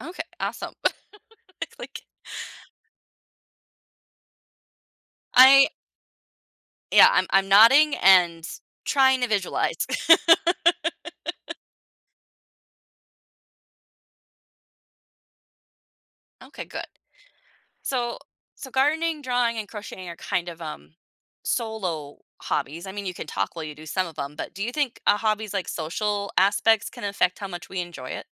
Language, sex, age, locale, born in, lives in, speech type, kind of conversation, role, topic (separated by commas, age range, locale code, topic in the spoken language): English, female, 30-34, en-US, United States, United States, conversation, podcast, host, What personal qualities or experiences make a hobby meaningful to you?